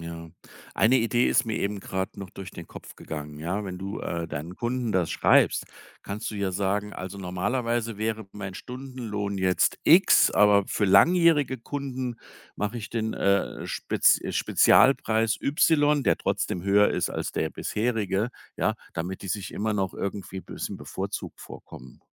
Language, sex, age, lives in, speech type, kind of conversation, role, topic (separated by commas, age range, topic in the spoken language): German, male, 55-59, Germany, conversation, advice, advisor, Warum sehe ich trotz harter Arbeit keinen Fortschritt?